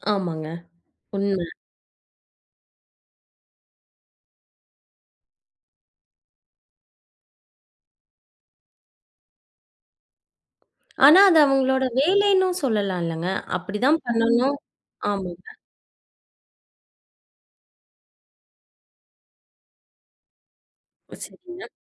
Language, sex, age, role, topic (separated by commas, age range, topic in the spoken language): Tamil, female, 25-29, host, சிரிப்பு எவ்வளவு உண்மையானது என்பதை நீங்கள் எப்படி அறிந்துகொள்வீர்கள்?